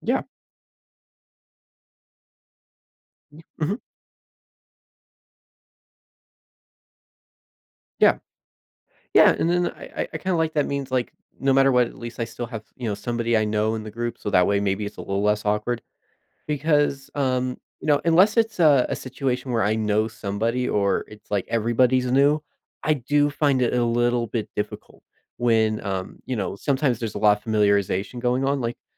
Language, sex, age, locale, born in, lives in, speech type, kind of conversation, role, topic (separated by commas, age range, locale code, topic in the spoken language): English, male, 20-24, en-US, United States, United States, conversation, advice, user, How can I make new friends as an adult when I feel awkward in social situations?